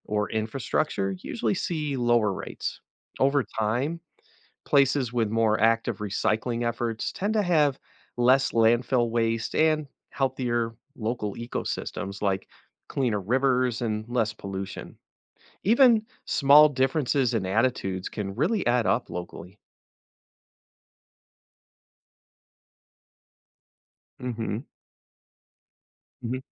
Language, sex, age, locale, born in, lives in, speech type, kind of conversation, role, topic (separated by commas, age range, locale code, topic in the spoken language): English, male, 50-54, en-US, United States, United States, read, expert-assistant, expert, How do recycling attitudes impact local ecosystems globally?